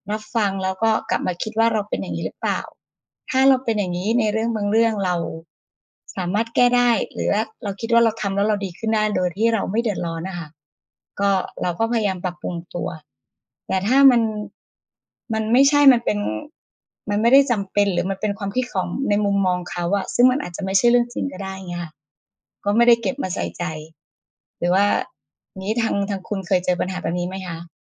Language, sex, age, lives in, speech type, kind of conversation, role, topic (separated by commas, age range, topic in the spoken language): Thai, female, 40-44, Thailand, conversation, unstructured, none, ถ้ามีคนไม่ชอบตัวตนของคุณ คุณจะรับมืออย่างไร?